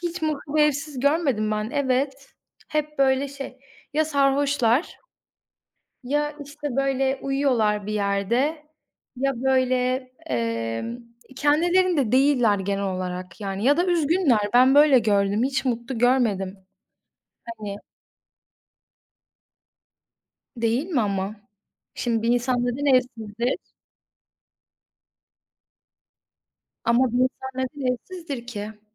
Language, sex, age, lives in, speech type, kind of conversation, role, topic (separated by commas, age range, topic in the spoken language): Turkish, female, 20-24, Poland, conversation, unstructured, none, Para ile mutluluk arasında nasıl bir ilişki var?